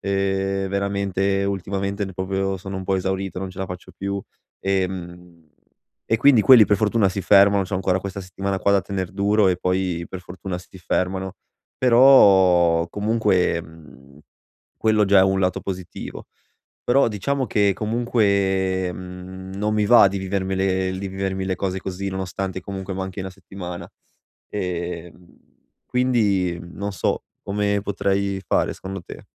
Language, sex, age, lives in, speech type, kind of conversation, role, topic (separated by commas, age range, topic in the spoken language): Italian, male, 25-29, Italy, conversation, advice, user, Come posso gestire l’esaurimento che sto vivendo come fondatore?